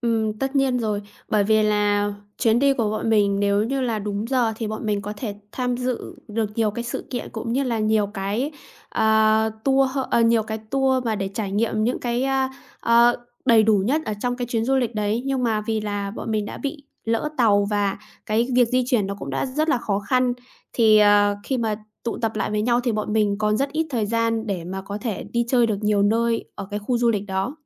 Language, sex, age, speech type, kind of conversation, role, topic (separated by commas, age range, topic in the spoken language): Vietnamese, female, 25-29, conversation, podcast, guest, Bạn có thể kể về một lần bạn bị lạc khi đi du lịch không?